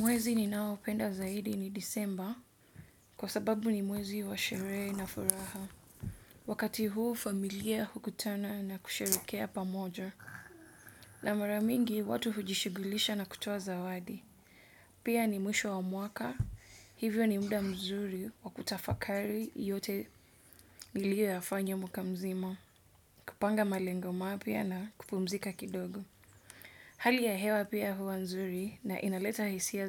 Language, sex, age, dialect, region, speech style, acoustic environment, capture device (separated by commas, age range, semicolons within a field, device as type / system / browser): Swahili, female, 25-34, Kenya - Mombasa, Kenya, focused; relaxed, nature; appliances, desktop / Windows / Edge